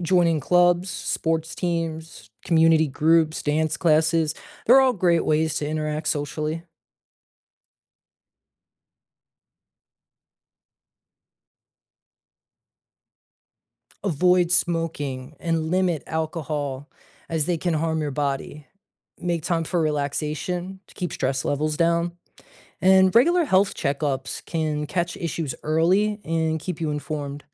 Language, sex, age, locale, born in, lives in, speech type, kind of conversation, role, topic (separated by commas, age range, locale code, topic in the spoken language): English, male, 40-44, en-US, United States, United States, read, expert-assistant, expert, What steps can I take to practice a healthy lifestyle?